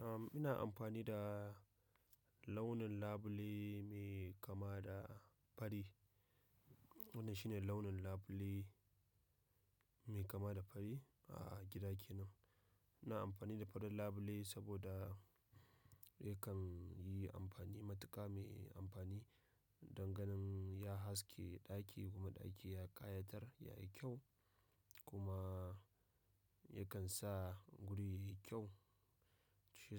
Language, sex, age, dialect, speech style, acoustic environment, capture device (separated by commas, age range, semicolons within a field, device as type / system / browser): Hausa, male, 25-34, Nigeria - Nigerian Standard, happy; excited, people talking; nature; silence, mobile / macOS / Safari